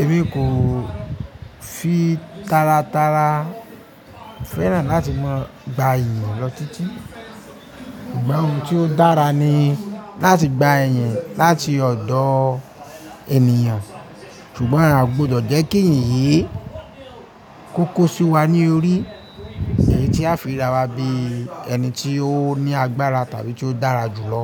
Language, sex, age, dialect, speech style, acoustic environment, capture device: Yoruba, male, 25-34, Nigeria - Ibadan, focused, appliances, mobile / Linux / Chrome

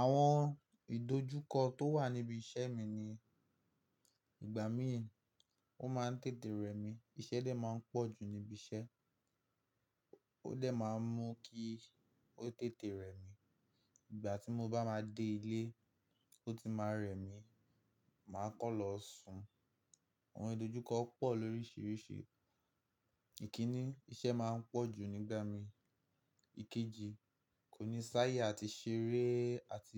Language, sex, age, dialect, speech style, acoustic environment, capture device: Yoruba, male, 18-24, Nigeria - Nigerian Standard, happy; relaxed, silence, mobile / Linux / Chrome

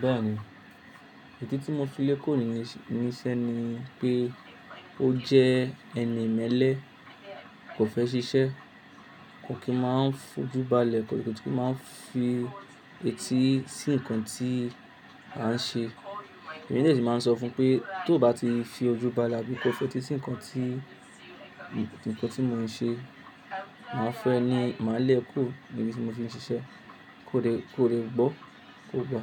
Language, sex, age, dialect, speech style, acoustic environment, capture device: Yoruba, male, 25-34, Nigeria - Lagos Yoruba, happy, silence, mobile / Linux / Chrome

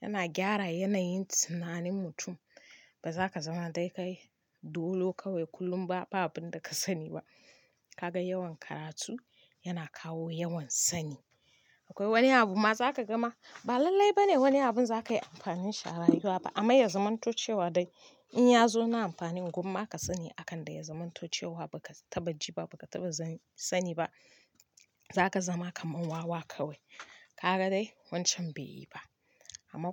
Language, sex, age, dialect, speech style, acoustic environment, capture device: Hausa, female, 25-34, Nigeria - Kano, happy; focused, nature, mobile / Linux / Chrome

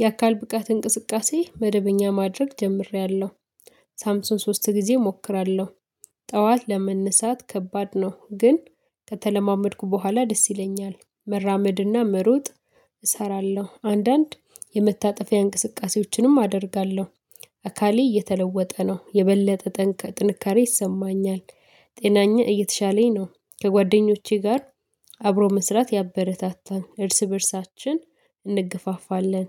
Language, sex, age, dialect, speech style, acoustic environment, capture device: Amharic, female, 25-34, Ethiopia - Addis Ababa, excited; focused, silence, mobile / Linux / Chrome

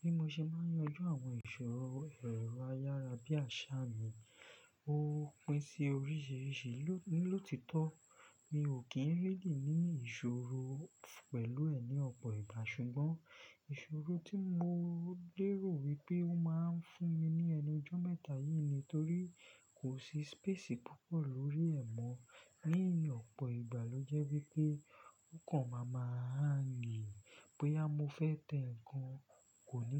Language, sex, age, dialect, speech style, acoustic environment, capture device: Yoruba, male, 25-34, Nigeria - Lagos Yoruba, focused, silence; nature, desktop / Linux / Chrome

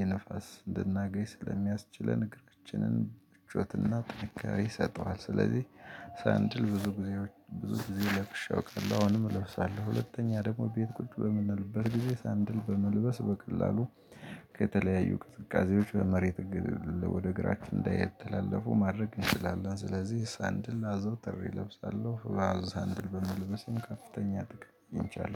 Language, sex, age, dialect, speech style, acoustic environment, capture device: Amharic, male, 35-44, Ethiopia - Gojjam, relaxed; excited; happy, nature, desktop / Linux / Chrome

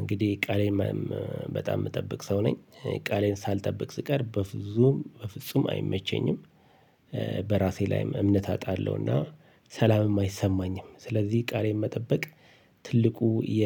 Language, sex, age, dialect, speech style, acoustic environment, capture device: Amharic, male, 45-54, Ethiopia - Addis Ababa, relaxed, nature, mobile / Linux / Chrome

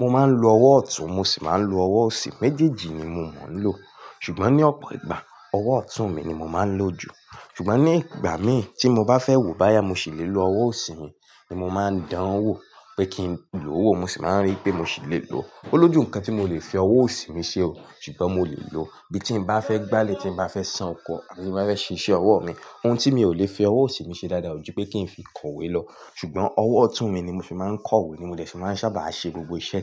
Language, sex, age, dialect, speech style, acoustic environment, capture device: Yoruba, male, 18-24, Nigeria - Ibadan, relaxed; focused, appliances; music, mobile / Linux / Chrome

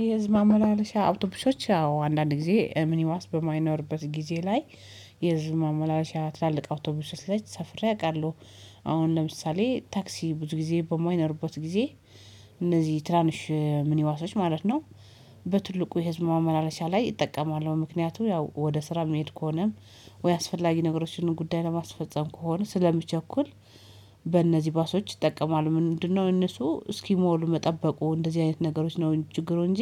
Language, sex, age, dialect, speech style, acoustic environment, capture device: Amharic, female, 35-44, Ethiopia - Addis Ababa, happy, silence, mobile / Linux / Chrome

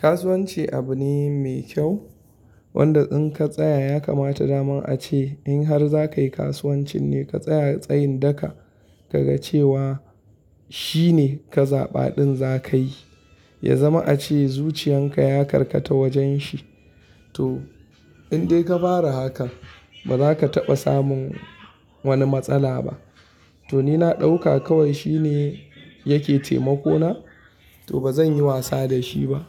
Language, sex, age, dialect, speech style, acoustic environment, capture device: Hausa, male, 25-34, Nigeria - Kano, happy; anxious; tired; relaxed; stressed; focused; excited; nervous, silence; nature; music; construction; appliances; traffic; people talking, mobile / Linux / Chrome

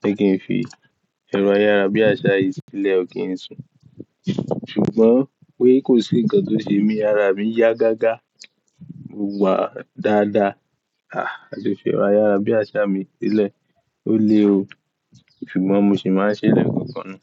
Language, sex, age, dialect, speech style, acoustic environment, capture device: Yoruba, male, 18-24, Nigeria - Ibadan, excited, silence; nature, mobile / Linux / Chrome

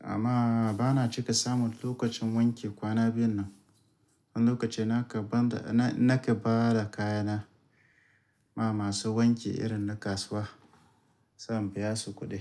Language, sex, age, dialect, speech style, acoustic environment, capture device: Hausa, male, 35-44, Nigeria - Kano, tired, appliances; nature; music, mobile / Linux / Chrome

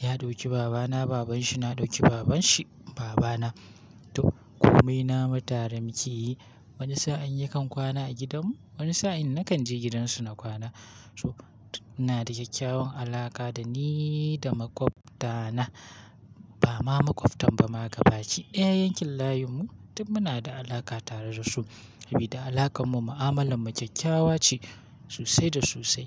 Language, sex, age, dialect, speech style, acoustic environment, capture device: Hausa, male, 18-24, Nigeria - Kano, happy, silence, mobile / Linux / Chrome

